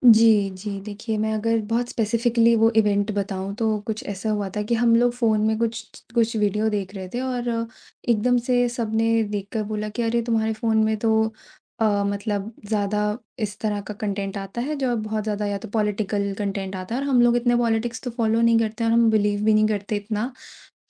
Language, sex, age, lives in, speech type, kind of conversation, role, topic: Hindi, female, 20-24, India, conversation, advice, user, दोस्तों का दायरा बदलने पर आपको अकेलापन क्यों महसूस हुआ और आपने खुद को कैसे ढाला?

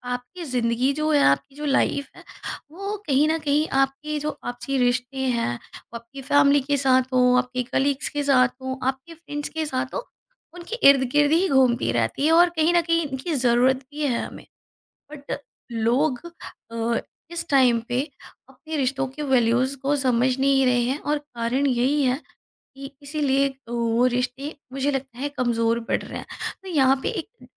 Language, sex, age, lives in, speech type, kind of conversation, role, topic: Hindi, female, 35-39, India, conversation, podcast, guest, Family ke saath bond mazboot karne mein sabse yaadgar pal kaunsa tha?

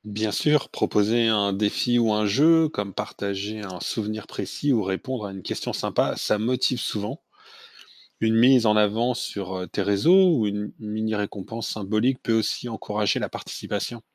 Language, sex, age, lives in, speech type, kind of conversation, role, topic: French, male, 45-49, France, read, expert-assistant, expert, Quelles idées pourrait-on imaginer pour aider une marque à donner une image plus sympathique au public ?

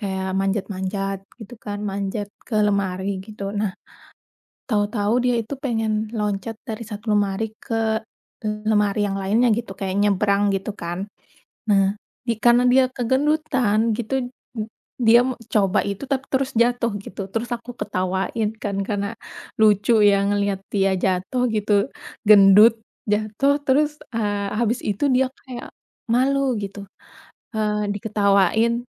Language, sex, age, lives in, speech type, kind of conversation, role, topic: Indonesian, female, 30-34, Indonesia, conversation, unstructured, none, Apa pengalaman paling berkesan yang pernah kamu alami bersama hewan peliharaan?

